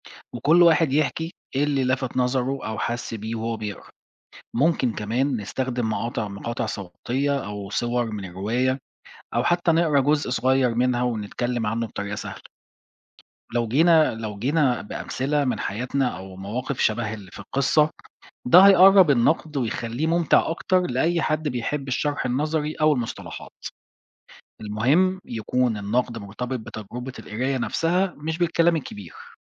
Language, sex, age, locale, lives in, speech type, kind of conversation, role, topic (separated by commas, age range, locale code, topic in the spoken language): Arabic, male, 40-44, ar-EG, Portugal, read, expert-assistant, expert, إزاي نخلي مناقشة الروايات أبسط وأريح لكل الناس؟